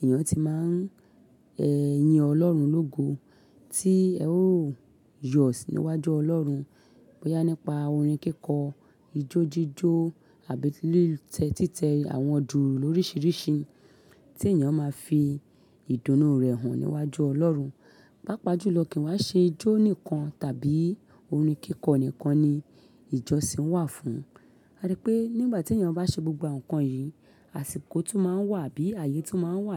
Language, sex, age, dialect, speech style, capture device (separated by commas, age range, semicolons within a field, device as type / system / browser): Yoruba, female, 35-44, Nigeria - Lagos Yoruba, happy; excited; focused, mobile / Linux / Chrome